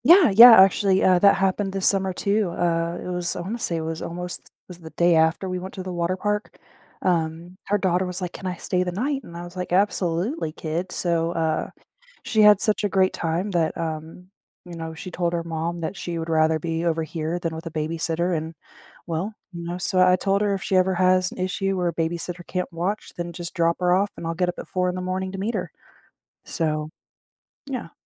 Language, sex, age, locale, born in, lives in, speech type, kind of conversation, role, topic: English, female, 30-34, en-US, United States, United States, conversation, advice, user, How can I deepen my friendships and build closer connections?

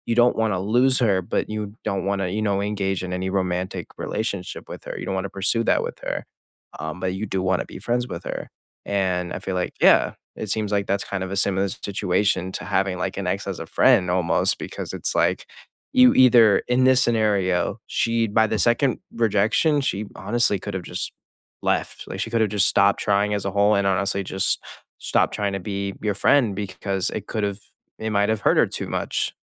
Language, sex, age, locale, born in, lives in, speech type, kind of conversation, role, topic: English, male, 18-19, en-US, United States, United States, conversation, unstructured, none, Would you keep an ex as a friend?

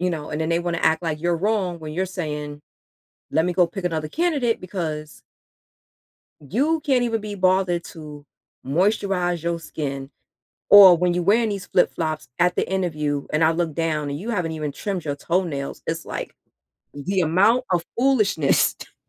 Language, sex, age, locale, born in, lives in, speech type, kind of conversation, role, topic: English, female, 40-44, en-US, United States, United States, conversation, podcast, guest, In what ways does personal style shape how we are perceived by others?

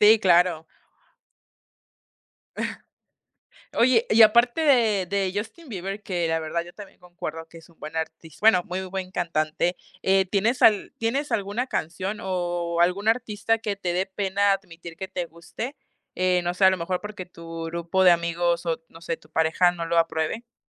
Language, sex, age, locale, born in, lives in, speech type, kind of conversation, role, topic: Spanish, female, 20-24, es-MX, Mexico, Mexico, conversation, podcast, host, ¿Cambiaste tus playlists por amor o por amigos?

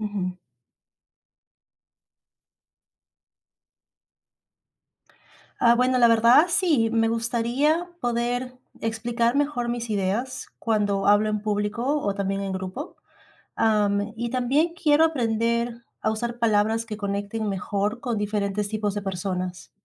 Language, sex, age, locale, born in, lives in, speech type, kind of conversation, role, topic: Spanish, female, 35-39, es-PE, Peru, United States, read, expert-assistant, asker, ¿De qué forma la escritura creativa desarrolla habilidades comunicativas?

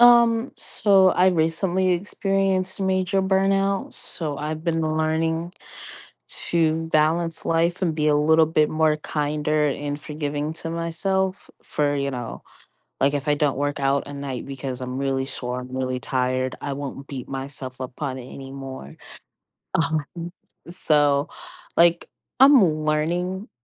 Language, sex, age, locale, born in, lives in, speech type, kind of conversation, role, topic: English, female, 25-29, en-US, United States, United States, conversation, advice, user, How can I balance work and personal life better?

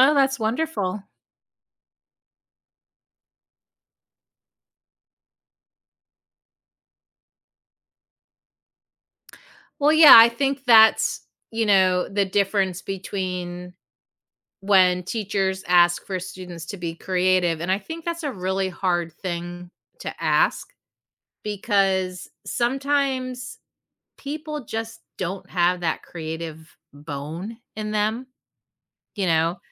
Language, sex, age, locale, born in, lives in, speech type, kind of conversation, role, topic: English, female, 45-49, en-US, United States, United States, conversation, podcast, host, How does encouraging creativity shape students’ learning and growth?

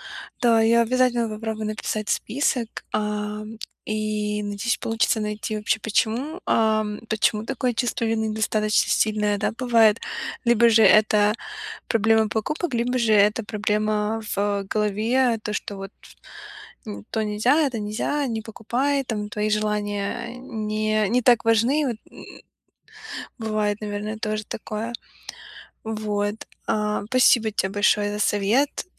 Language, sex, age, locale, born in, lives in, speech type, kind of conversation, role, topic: Russian, female, 25-29, ru-RU, Ukraine, United States, conversation, advice, user, Какие покупки вы обычно делаете, чтобы заполнить внутреннюю пустоту или справиться со стрессом?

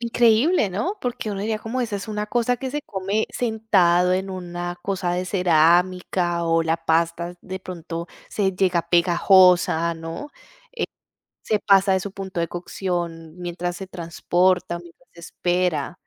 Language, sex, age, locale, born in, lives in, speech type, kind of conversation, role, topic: Spanish, female, 35-39, es-CO, Colombia, Italy, conversation, podcast, host, ¿Qué opinas de pedir comida a domicilio por aplicaciones?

